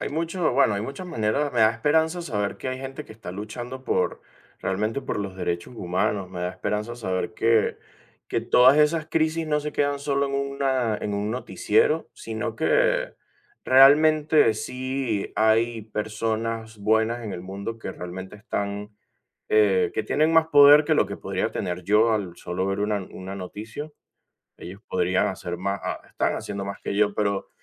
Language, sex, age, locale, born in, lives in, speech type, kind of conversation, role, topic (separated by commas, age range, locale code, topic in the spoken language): Spanish, male, 25-29, es-VE, Venezuela, United States, conversation, unstructured, none, ¿Cómo te sientes cuando las noticias hablan de crisis humanitarias?